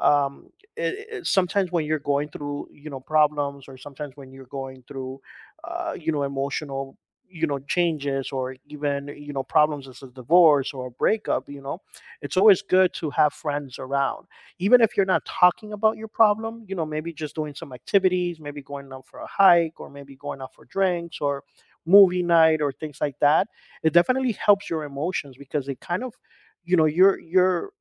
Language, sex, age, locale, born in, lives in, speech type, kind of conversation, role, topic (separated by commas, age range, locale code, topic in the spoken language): English, male, 45-49, en-US, United States, United States, conversation, podcast, guest, How do meaningful friendships support our emotional well-being?